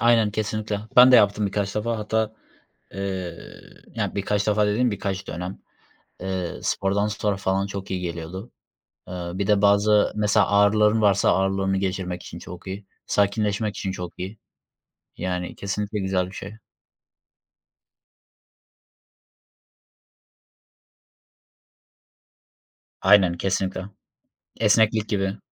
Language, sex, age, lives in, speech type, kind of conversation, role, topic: Turkish, male, 25-29, Germany, conversation, unstructured, none, Kendine iyi bakmak için neler yaparsın?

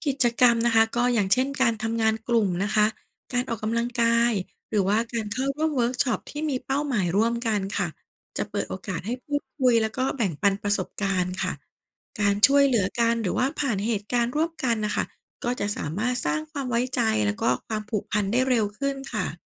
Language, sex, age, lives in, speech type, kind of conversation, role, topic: Thai, female, 40-44, Thailand, read, expert-assistant, expert, เป็นความจริงไหมที่ช่วงวัยผู้ใหญ่มิตรภาพจะลดลง เพราะคนส่วนใหญ่มุ่งแต่เรื่องงานและครอบครัว?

